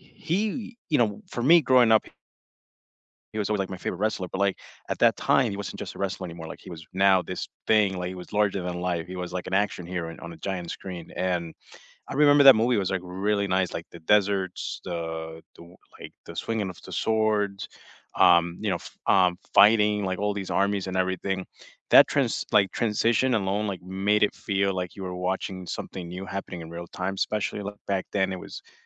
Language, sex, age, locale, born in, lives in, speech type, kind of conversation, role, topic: English, male, 25-29, en-US, United States, United States, conversation, unstructured, none, What was the first movie you saw in a theater that completely blew your mind?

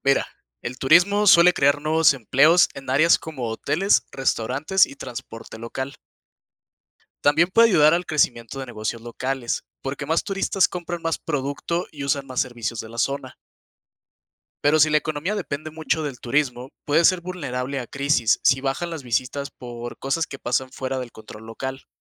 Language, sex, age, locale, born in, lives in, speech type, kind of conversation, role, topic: Spanish, male, 20-24, es-MX, Mexico, Mexico, read, expert-assistant, expert, Describe el impacto del turismo en la economía de una región.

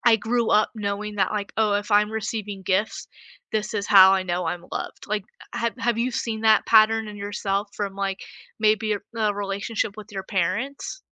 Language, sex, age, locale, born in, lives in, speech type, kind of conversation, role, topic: English, female, 20-24, en-US, United States, United States, conversation, unstructured, none, How do love languages actually work for you?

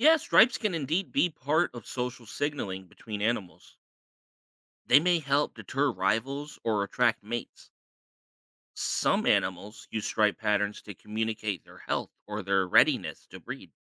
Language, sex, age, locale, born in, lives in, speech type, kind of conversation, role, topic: English, male, 35-39, en-US, United States, United States, read, expert-assistant, expert, Why do some animals have stripes?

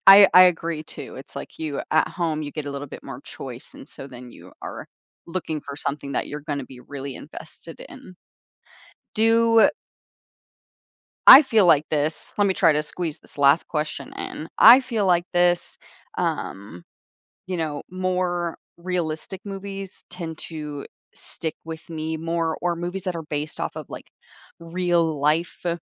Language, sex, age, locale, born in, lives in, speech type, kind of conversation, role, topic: English, female, 25-29, en-US, United States, United States, conversation, podcast, host, What makes a movie memorable to you?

